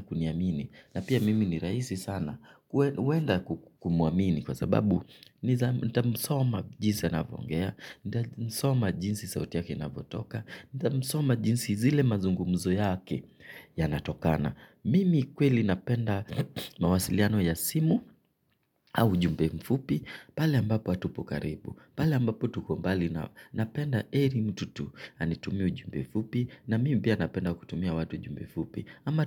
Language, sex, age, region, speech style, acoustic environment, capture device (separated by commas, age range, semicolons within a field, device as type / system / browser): Swahili, male, 25-34, Kenya, relaxed, nature, mobile / Linux / Chrome